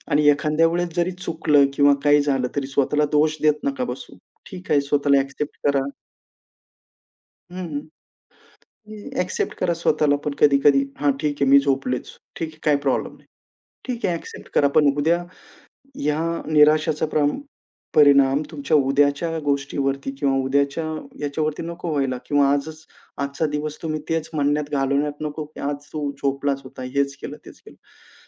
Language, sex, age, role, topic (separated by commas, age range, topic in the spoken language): Marathi, male, 35-39, guest, आराम आणि आळस यातला फरक तुम्ही कसा ओळखता?